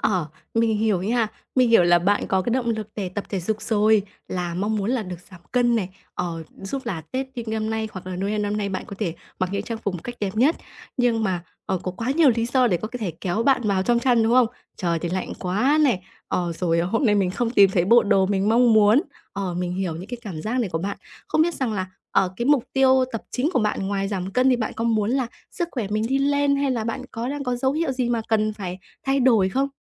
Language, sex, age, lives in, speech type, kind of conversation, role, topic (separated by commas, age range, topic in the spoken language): Vietnamese, female, 20-24, Vietnam, conversation, advice, advisor, Làm sao để lấy lại động lực và duy trì việc luyện tập hằng tuần?